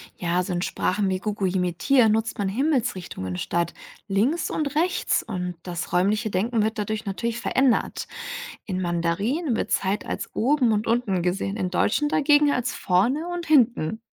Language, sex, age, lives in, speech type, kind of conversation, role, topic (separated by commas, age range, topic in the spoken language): German, female, 65-69, Germany, read, expert-assistant, expert, Was glaubst du, wie unser Denken anders wäre, wenn wir eine ganz andere Muttersprache hätten?